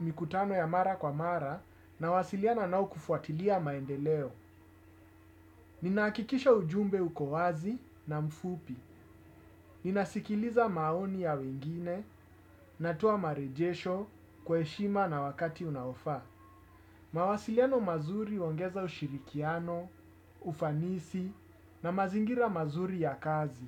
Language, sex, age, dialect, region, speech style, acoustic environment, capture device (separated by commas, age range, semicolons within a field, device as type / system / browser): Swahili, male, 35-44, Kenya - Nairobi Sheng-influenced, Kenya, focused, appliances, desktop / Windows / Chrome